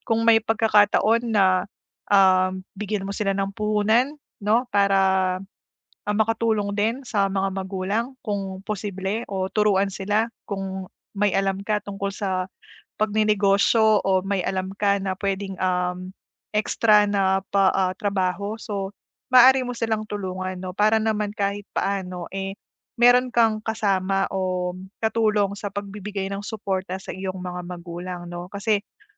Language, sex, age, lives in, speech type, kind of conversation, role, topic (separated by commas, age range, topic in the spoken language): Filipino, female, 30-34, Philippines, conversation, advice, advisor, Paano natin maayos na matutukoy ang mga hangganan at responsibilidad sa relasyon?